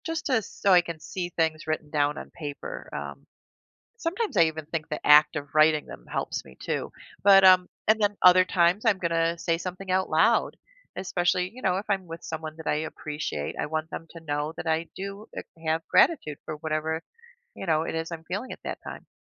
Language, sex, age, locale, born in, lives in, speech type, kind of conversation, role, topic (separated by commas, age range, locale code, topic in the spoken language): English, female, 55-59, en-US, United States, United States, read, expert-assistant, asker, What are the benefits of practicing gratitude every day?